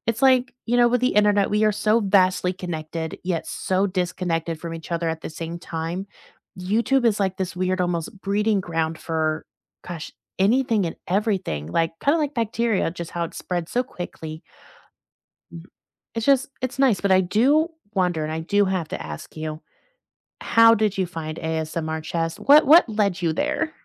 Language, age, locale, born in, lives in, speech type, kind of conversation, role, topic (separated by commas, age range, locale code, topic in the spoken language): English, 25-29, en-US, United States, United States, conversation, unstructured, none, Which hidden-gem podcasts or YouTube channels would you share with friends, and why do they resonate?